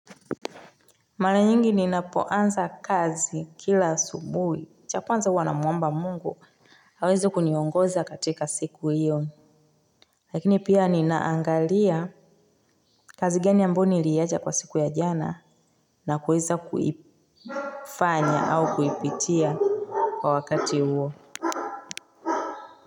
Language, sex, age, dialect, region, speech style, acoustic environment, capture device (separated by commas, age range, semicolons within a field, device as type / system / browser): Swahili, female, 25-34, Tanzania - Dar es Salaam, Tanzania, relaxed, nature; silence, mobile / Linux / Chrome